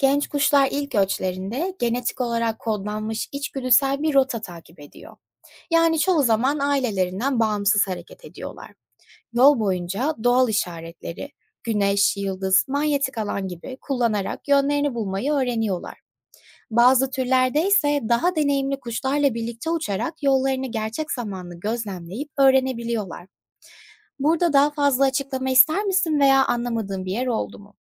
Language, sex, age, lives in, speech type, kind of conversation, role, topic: Turkish, female, 25-29, United States, read, expert-assistant, expert, Kuşlar nasıl yön bulur?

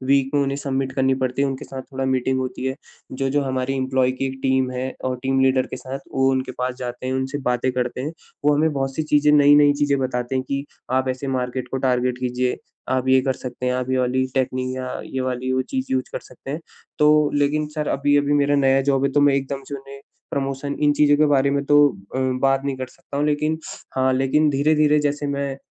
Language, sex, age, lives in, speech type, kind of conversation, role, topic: Hindi, male, 25-29, India, conversation, advice, user, मैं अपनी सैलरी बढ़ाने या प्रमोशन माँगने में जो डर और झिझक महसूस करता/करती हूँ, उसे कैसे दूर करूँ?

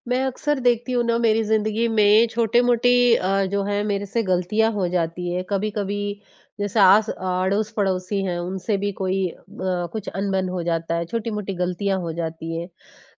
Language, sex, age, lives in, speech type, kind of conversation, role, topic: Hindi, female, 20-24, India, conversation, advice, user, आप काम में छोटी-छोटी गलतियों पर खुद को कठोर तरीके से दोषी क्यों मानते हैं?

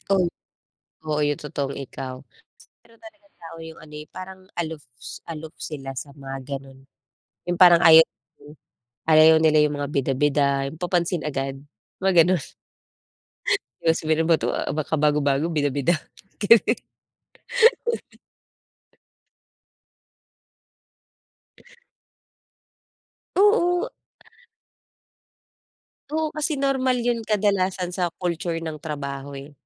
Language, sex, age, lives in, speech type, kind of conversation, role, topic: Filipino, female, 35-39, Philippines, conversation, podcast, guest, Ano ang ibig sabihin sa’yo ng pagiging totoo sa sarili?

